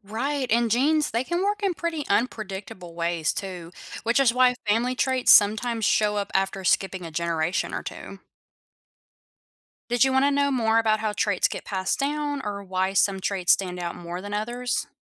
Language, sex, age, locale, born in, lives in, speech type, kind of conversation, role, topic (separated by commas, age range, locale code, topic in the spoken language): English, female, 25-29, en-US, United States, United States, read, expert-assistant, expert, Why do some people have dimples on their cheeks?